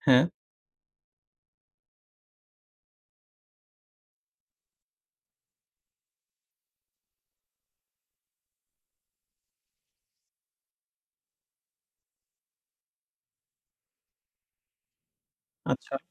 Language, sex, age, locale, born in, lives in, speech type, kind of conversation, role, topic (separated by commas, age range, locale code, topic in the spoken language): Bengali, male, 20-24, bn-BD, Bangladesh, Bangladesh, conversation, advice, advisor, ব্যস্ত দিনে আমি কীভাবে দ্রুত ও সহজে স্ট্রেস কমাতে পারি?